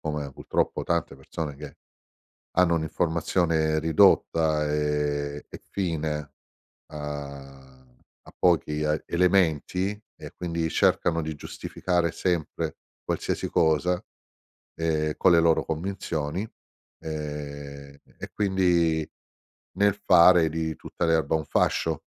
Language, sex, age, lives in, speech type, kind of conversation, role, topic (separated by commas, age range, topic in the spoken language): Italian, male, 50-54, Italy, conversation, podcast, guest, Quando è meglio tacere e semplicemente ascoltare gli altri?